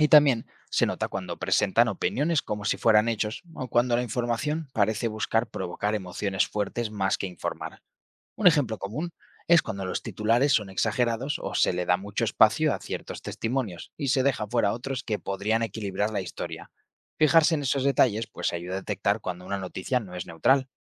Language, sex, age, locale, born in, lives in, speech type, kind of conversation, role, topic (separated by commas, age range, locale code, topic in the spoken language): Spanish, male, 25-29, es-ES, Spain, Spain, read, expert-assistant, expert, ¿Es posible informarse sin sesgos en el periodismo actual?